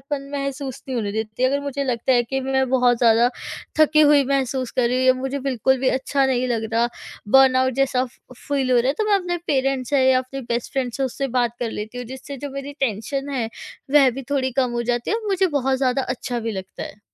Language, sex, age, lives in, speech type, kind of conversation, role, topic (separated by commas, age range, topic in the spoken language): Hindi, female, 20-24, India, conversation, podcast, guest, Burnout ko tum kaise pehchante ho aur phir kya karte ho?